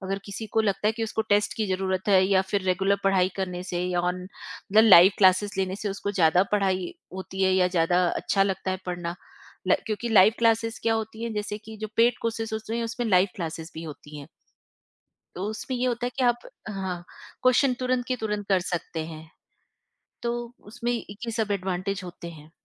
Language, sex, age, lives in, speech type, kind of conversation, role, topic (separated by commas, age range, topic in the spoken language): Hindi, female, 45-49, India, conversation, podcast, guest, Kaunse apps ya websites tum self-learning ke liye use karte ho?